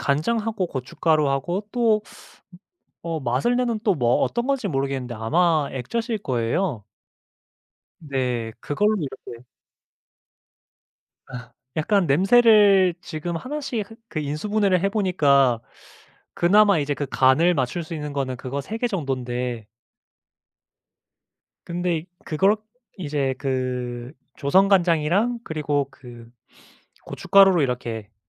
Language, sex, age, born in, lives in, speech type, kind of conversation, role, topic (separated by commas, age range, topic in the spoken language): Korean, male, 25-29, South Korea, Japan, conversation, podcast, guest, 어릴 적 먹던 집밥 중 가장 그리운 음식은 무엇인가요?